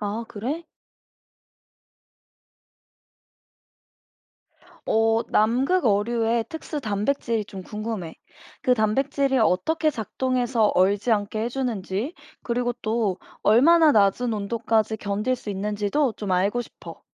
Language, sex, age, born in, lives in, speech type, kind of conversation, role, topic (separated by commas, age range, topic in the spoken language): Korean, female, 25-29, South Korea, United States, read, expert-assistant, asker, 심해어는 왜 특이한 형태와 빛을 내는 능력을 갖게 되었을까요? 그 진화적 이유와 생존 전략은 무엇인가요?